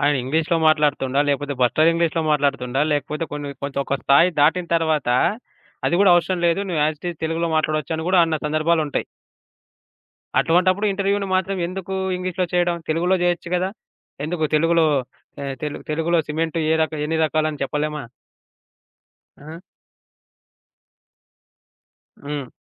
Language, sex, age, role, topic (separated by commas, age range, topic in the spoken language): Telugu, male, 25-29, guest, మీ మాతృభాష మీకు ఎలా ముఖ్యంగా అనిపిస్తుంది?